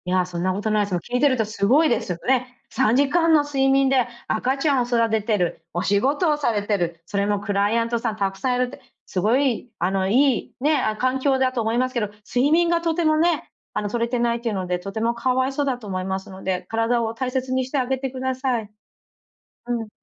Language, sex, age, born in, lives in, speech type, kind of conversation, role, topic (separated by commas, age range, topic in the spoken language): Japanese, female, 40-44, Japan, United States, conversation, advice, advisor, 気持ちの揺れをどうすれば穏やかにできますか？